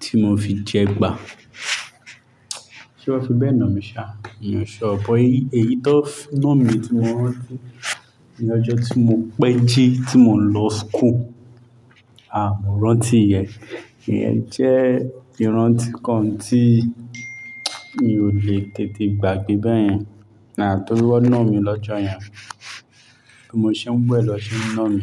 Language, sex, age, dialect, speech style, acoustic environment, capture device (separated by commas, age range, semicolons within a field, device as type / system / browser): Yoruba, male, 25-34, Nigeria - Lagos Yoruba, relaxed; focused, silence, mobile / Linux / Chrome